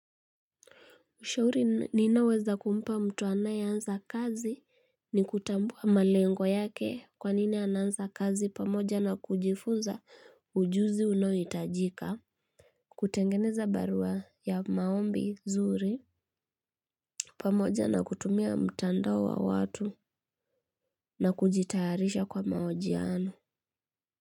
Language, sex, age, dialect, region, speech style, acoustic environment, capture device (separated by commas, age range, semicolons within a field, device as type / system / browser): Swahili, female, 25-34, Kenya - Kitui, Kenya, happy, nature, mobile / Linux / Chrome